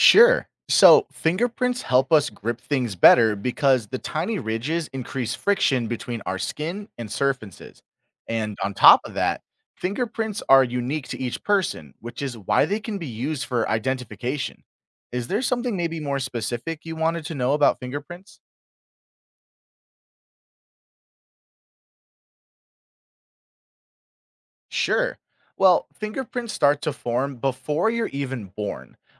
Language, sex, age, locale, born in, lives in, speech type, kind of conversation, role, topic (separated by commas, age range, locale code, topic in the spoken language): English, male, 25-29, en-US, United States, United States, read, expert-assistant, expert, Why do humans have fingerprints?